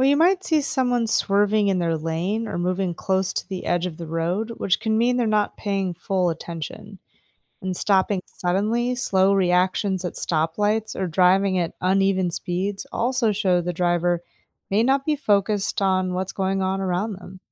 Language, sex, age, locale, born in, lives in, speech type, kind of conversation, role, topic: English, female, 35-39, en-US, United States, United States, read, expert-assistant, expert, What are the main dangers of distracted driving?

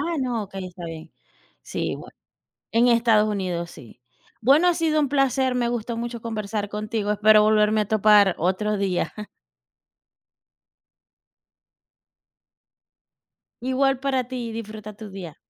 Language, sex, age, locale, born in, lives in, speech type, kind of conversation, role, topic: Spanish, female, 40-44, es-VE, Venezuela, United States, conversation, unstructured, none, ¿Qué es lo que más valoras en una amistad verdadera?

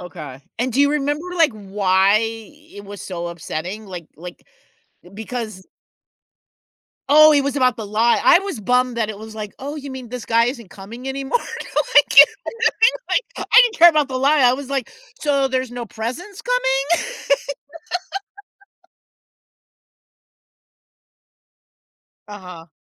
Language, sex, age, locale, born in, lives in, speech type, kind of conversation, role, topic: English, female, 60-64, en-US, United States, United States, conversation, unstructured, none, How do I adjust when a childhood belief about me is wrong?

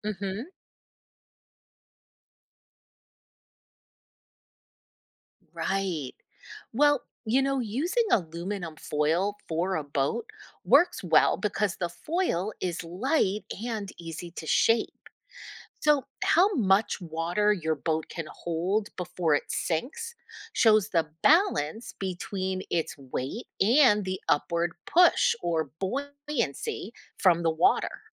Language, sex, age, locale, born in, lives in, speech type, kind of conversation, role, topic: English, female, 50-54, en-US, United States, United States, read, expert-assistant, expert, What determines whether an object floats or sinks in water?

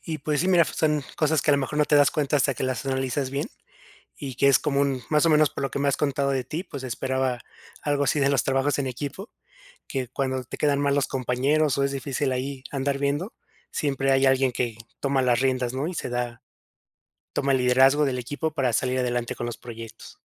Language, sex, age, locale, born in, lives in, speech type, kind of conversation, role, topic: Spanish, male, 30-34, es-MX, Mexico, Mexico, conversation, advice, advisor, ¿Cómo puedo manejar el síndrome del impostor para aceptar nuevas responsabilidades?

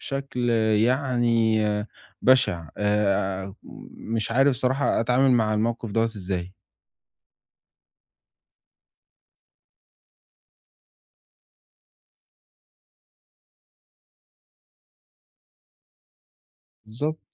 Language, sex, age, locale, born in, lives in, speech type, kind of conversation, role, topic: Arabic, male, 20-24, ar-EG, Egypt, Italy, conversation, advice, user, إزاي بتتعامل مع الضغط الاجتماعي اللي بيخليك تصرف فلوس في المناسبات والاحتفالات؟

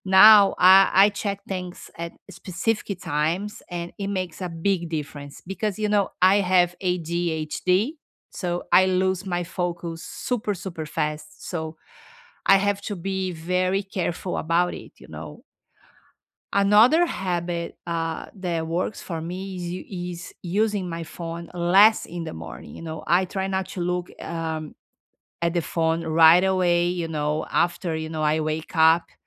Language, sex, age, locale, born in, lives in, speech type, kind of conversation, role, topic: English, female, 50-54, en-BR, Brazil, United States, conversation, unstructured, none, What small tech habits have helped you improve your mental clarity and focus?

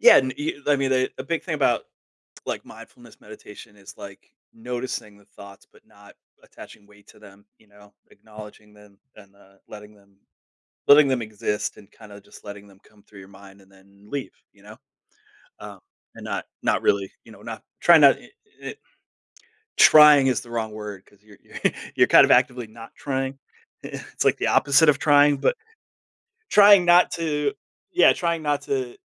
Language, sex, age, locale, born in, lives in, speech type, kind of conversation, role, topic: English, male, 40-44, en-US, United States, United States, conversation, podcast, guest, What habits or routines help you handle stress in everyday life?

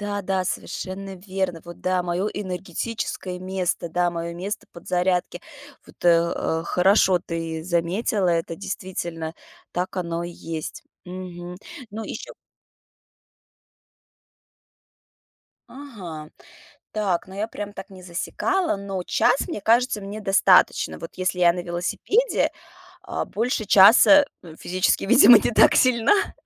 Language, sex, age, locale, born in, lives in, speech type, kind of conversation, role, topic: Russian, female, 35-39, ru-RU, Russia, United States, conversation, podcast, guest, Какое твоё любимое природное место рядом с домом?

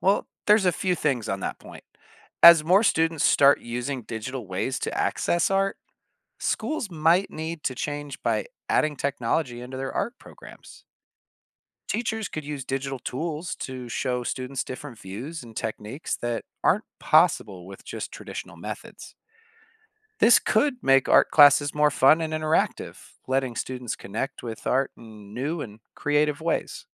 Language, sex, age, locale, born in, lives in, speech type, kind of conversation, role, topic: English, male, 30-34, en-US, United States, United States, read, expert-assistant, expert, How does digital technology impact traditional arts?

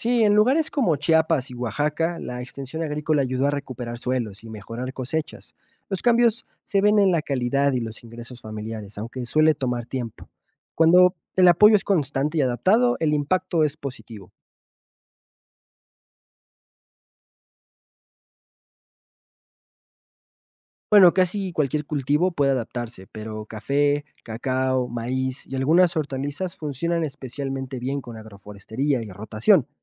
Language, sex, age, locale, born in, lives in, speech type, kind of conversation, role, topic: Spanish, male, 25-29, es-MX, Mexico, Mexico, read, expert-assistant, expert, ¿Cuáles son las diferencias principales entre la agricultura tradicional y la agricultura sostenible?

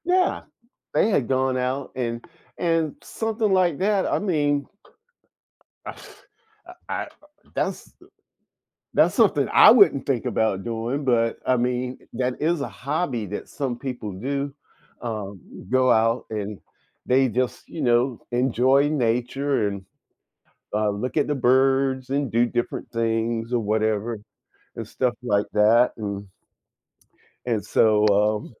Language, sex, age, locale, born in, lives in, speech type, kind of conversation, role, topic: English, male, 60-64, en-US, United States, United States, conversation, unstructured, none, What factors influence your choice between relaxing at home or going out on weekends?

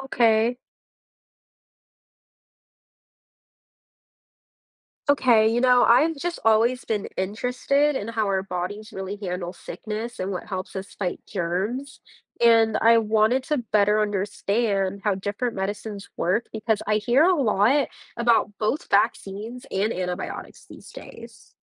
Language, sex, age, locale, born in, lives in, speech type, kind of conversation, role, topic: English, female, 20-24, en-US, United States, United States, read, expert-assistant, asker, How do vaccines differ from antibiotics?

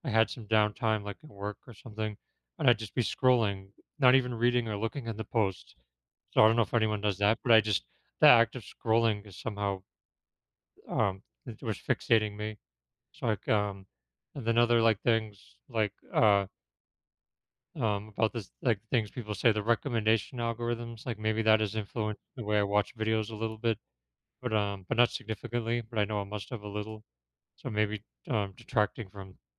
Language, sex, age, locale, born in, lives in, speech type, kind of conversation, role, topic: English, male, 35-39, en-US, United States, United States, conversation, podcast, guest, In what ways can technology both help and challenge our mental well-being?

